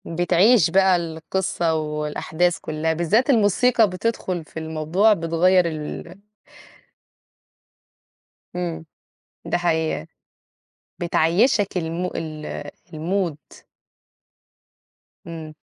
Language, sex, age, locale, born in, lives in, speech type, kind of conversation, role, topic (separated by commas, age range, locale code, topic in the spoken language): Arabic, female, 30-34, ar-EG, Egypt, Portugal, conversation, unstructured, none, إيه أحسن فيلم اتفرجت عليه في حياتك؟